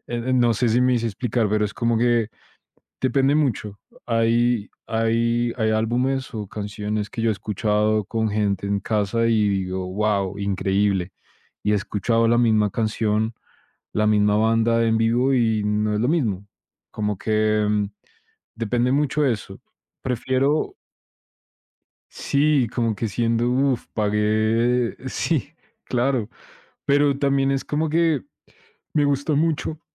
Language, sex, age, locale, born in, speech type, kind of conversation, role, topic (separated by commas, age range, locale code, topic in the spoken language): Spanish, male, 25-29, es-CO, Colombia, conversation, unstructured, none, ¿Cuál fue el concierto o espectáculo que más disfrutaste?